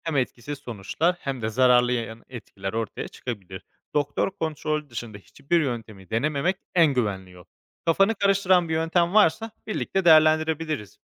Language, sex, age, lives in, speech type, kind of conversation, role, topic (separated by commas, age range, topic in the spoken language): Turkish, male, 25-29, Spain, read, expert-assistant, expert, Farklı tedavi seçenekleri arasında seçim yaparken güvenilir bilgiye nasıl ulaşabilirim ve bu süreçte nelere dikkat etmeliyim?